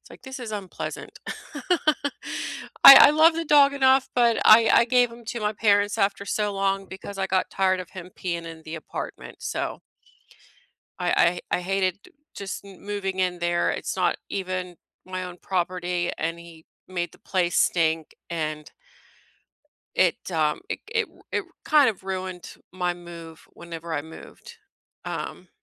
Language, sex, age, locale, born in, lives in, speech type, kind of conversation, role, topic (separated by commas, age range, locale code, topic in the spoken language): English, female, 40-44, en-US, United States, United States, conversation, unstructured, none, What are you most looking forward to this weekend?